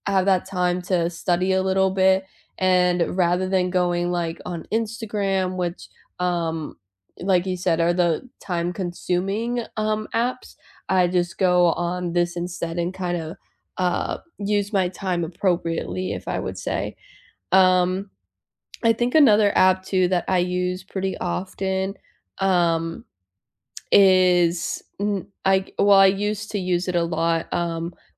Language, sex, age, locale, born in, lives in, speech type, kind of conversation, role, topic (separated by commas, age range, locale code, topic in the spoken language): English, female, 25-29, en-US, United States, United States, conversation, unstructured, none, What tool or app has genuinely boosted your productivity?